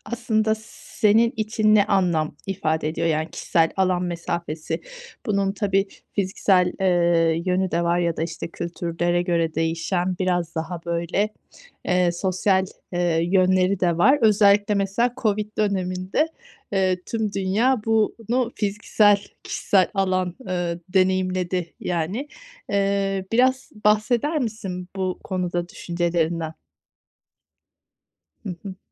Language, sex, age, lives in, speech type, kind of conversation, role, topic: Turkish, female, 40-44, Spain, conversation, podcast, host, Kişisel alan mesafesi kültürden kültüre nasıl değişir?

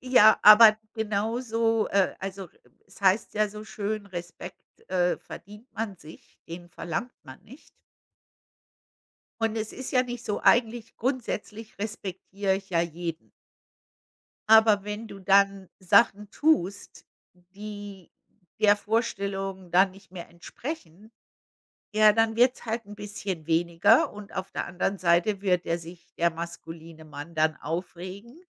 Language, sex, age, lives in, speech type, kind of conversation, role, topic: German, female, 55-59, United States, conversation, unstructured, none, Wie verhandelst du mit Familienmitgliedern, die dich nicht respektieren?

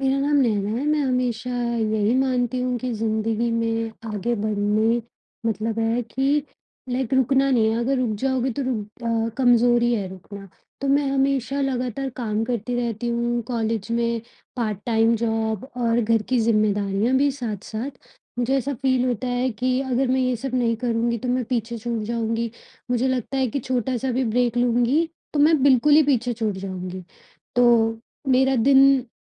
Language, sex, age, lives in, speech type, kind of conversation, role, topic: Hindi, female, 25-29, India, conversation, advice, user, लघु यात्राएँ और छोटे-छोटे विराम मेरे रचनात्मक विचार कैसे बढ़ा सकते हैं?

